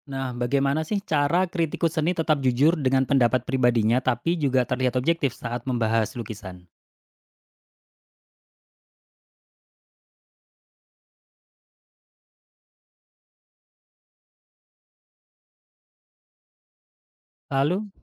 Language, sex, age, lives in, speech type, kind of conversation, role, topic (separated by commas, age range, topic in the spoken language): Indonesian, male, 35-39, Indonesia, read, expert-assistant, asker, Bagaimana cara kerja kritik seni dalam membantu kita melihat makna tersembunyi di balik sebuah lukisan?